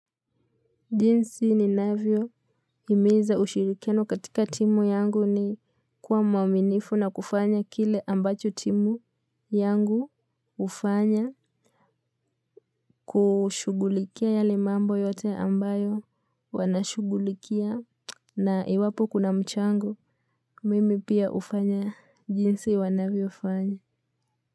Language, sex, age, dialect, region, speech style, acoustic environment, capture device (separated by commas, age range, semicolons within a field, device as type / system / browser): Swahili, female, 18-24, Kenya - Mombasa, Kenya, relaxed, silence, mobile / Linux / Edge